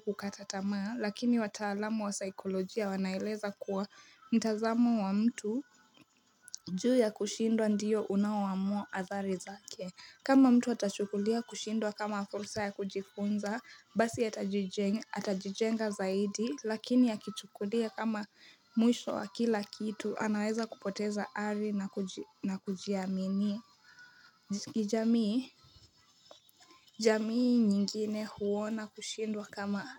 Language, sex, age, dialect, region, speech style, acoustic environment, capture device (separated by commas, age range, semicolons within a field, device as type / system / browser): Swahili, female, 18-24, Kenya - Nairobi Sheng-influenced, Kenya, focused, nature; people talking, mobile / Linux / Chrome